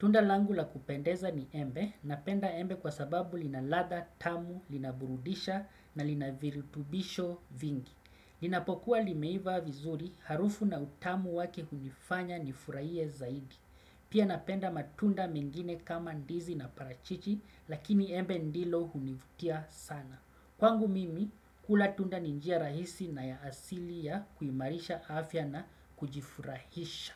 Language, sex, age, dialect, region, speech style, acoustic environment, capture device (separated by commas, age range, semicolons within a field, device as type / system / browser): Swahili, male, 35-44, Kenya - Mombasa, Kenya, relaxed, silence, desktop / Windows / Chrome